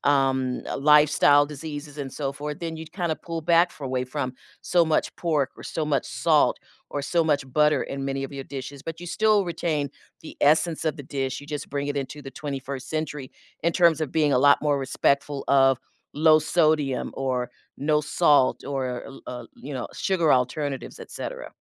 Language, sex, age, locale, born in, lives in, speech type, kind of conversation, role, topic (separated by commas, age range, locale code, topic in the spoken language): English, female, 60-64, en-US, United States, United States, conversation, podcast, guest, How do shared meals and food traditions shape our sense of belonging and connection to our culture?